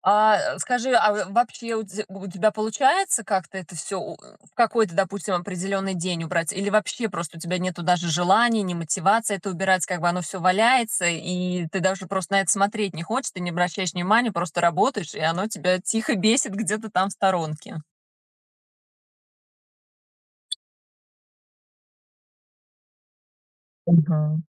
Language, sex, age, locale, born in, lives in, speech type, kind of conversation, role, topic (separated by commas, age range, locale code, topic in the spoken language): Russian, female, 35-39, ru-RU, Russia, United States, conversation, advice, advisor, Как мне изменить рабочее пространство и ритуалы, чтобы легче генерировать идеи?